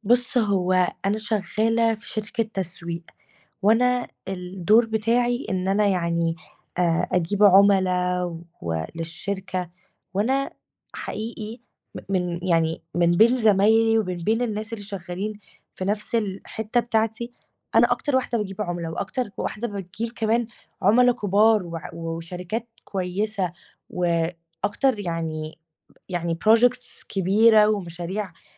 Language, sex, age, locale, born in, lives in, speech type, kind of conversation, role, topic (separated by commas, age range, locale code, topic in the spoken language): Arabic, female, 18-19, ar-EG, Egypt, Greece, conversation, advice, user, إزاي أبني مصداقيتي وآخد تقدير مهني جوه الشركة بشكل واضح وفعّال؟